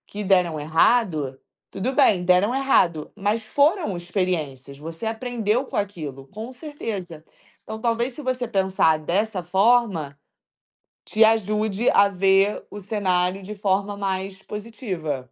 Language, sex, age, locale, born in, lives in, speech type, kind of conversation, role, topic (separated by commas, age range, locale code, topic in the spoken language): Portuguese, female, 25-29, pt-BR, Brazil, Spain, conversation, advice, advisor, Como posso começar sem me sabotar quando tenho medo de fracassar antes de tentar?